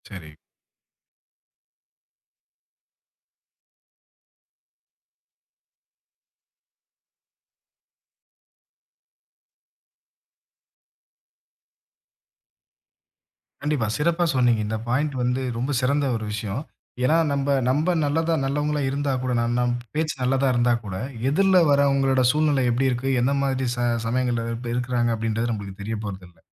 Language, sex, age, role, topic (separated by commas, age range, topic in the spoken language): Tamil, male, 25-29, host, மோசமான பழக்கங்கள் உள்ள ஒருவருடன் நீங்கள் எப்படிச் சரியான வரம்புகளை அமைப்பீர்கள்?